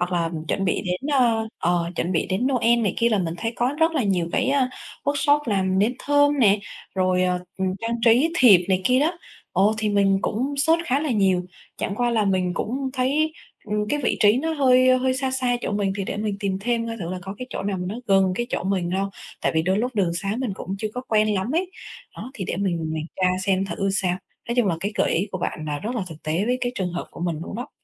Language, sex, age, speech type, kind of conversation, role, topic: Vietnamese, female, 25-29, conversation, advice, user, Làm sao để mình kết bạn mới dễ hơn sau khi vừa chuyển nhà?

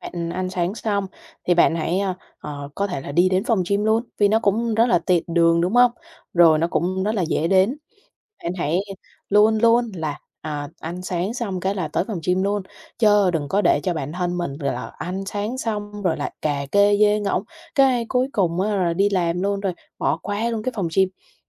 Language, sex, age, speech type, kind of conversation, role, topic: Vietnamese, female, 25-29, conversation, advice, advisor, Làm sao để bắt đầu và duy trì thói quen tập thể dục đều đặn?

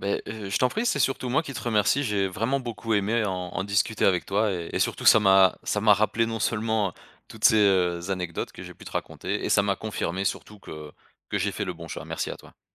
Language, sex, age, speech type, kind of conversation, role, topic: French, male, 35-39, conversation, podcast, guest, Qu’est-ce qui donne du sens à ton travail ?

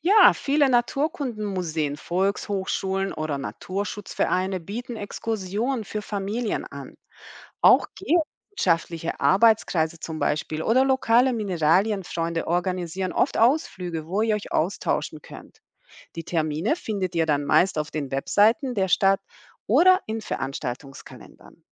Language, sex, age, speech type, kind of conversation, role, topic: German, female, 40-44, read, expert-assistant, expert, Wie kann man einen spannenden Ausflug planen, um geologische Besonderheiten in der eigenen Region zu entdecken?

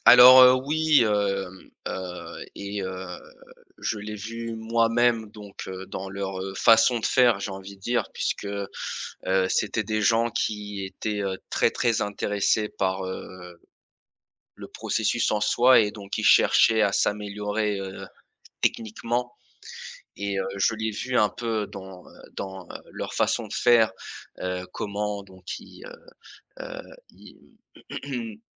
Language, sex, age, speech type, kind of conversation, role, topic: French, male, 20-24, conversation, podcast, guest, Quelle habitude t’a fait le plus progresser ?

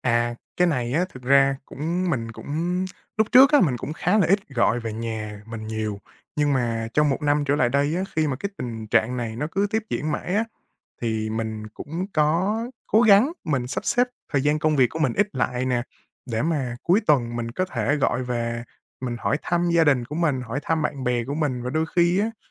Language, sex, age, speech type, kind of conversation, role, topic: Vietnamese, male, 20-24, conversation, advice, user, Bạn có đang cảm thấy hối tiếc hoặc nghi ngờ về quyết định di cư của mình không?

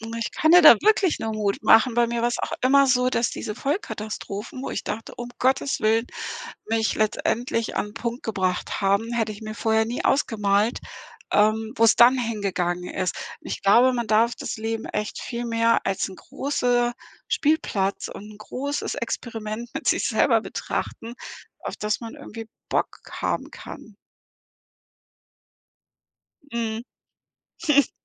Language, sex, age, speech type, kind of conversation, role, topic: German, female, 55-59, conversation, advice, advisor, Wie fühlt sich emotionale Taubheit nach langem Stress oder einem Burnout für dich an?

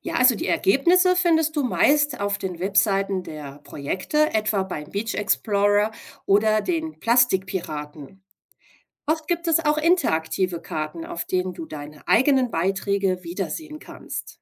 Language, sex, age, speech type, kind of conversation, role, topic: German, female, 40-44, read, expert-assistant, expert, Wie kann man als Laie mehr über das Leben im Meer und Citizen-Science-Projekte erfahren?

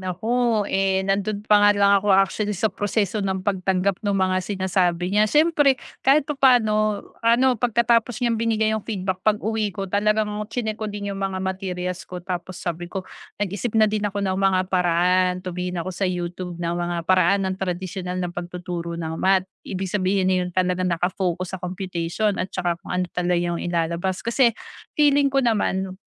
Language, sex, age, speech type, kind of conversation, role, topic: Filipino, female, 40-44, conversation, advice, user, Paano ko tatanggapin ang puna upang magpatuloy sa paggawa?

